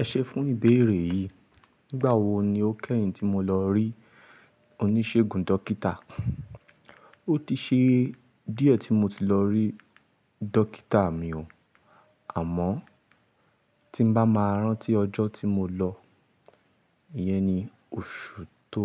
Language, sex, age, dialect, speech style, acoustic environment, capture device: Yoruba, male, 25-34, Nigeria - Ibadan, happy, people talking, mobile / Linux / Chrome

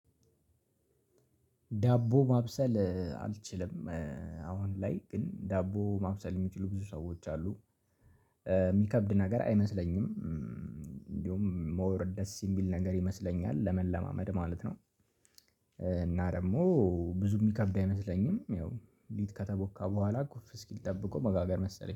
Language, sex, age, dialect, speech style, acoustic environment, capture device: Amharic, male, 18-24, Ethiopia - Addis Ababa, relaxed, people talking, mobile / Linux / Chrome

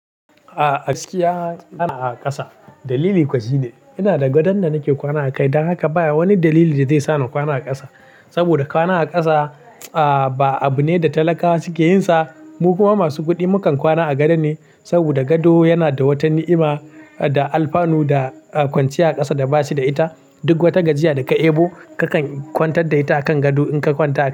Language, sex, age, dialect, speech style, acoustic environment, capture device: Hausa, male, 18-24, Nigeria - Kano, happy, nature; silence, mobile / Linux / Chrome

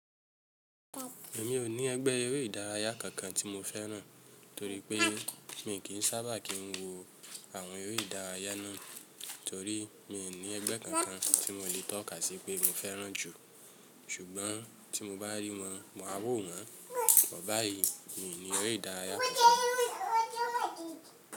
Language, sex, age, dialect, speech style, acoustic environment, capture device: Yoruba, male, 25-34, Nigeria - Lagos Yoruba, happy, people talking, mobile / Linux / Chrome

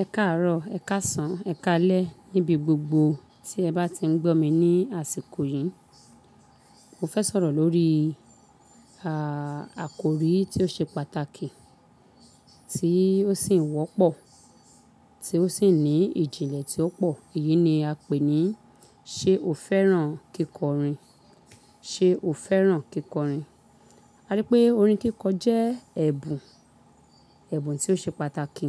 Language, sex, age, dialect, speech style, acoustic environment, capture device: Yoruba, female, 35-44, Nigeria - Lagos Yoruba, excited; focused, people talking, mobile / Linux / Chrome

